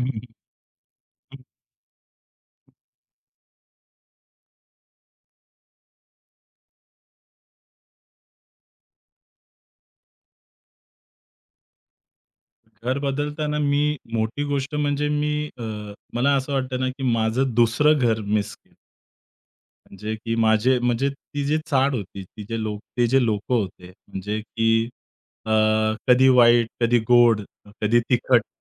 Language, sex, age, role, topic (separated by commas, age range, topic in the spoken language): Marathi, male, 30-34, guest, घर बदलताना सर्वाधिक काय चुकलं?